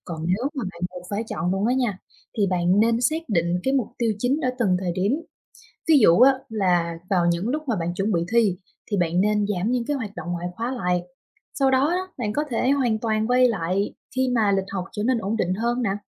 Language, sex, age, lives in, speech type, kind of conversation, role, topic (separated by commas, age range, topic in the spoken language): Vietnamese, female, 25-29, Vietnam, read, expert-assistant, expert, Ý nghĩa của việc tham gia các hoạt động ngoại khóa